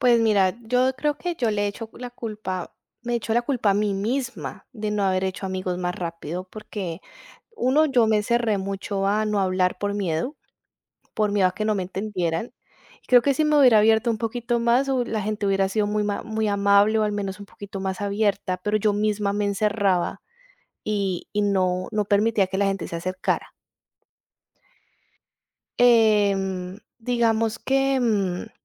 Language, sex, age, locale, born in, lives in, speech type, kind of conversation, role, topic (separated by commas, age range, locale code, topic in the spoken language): Spanish, female, 35-39, es-CO, Colombia, Italy, conversation, podcast, guest, ¿Qué decisión arriesgada te cambió la vida?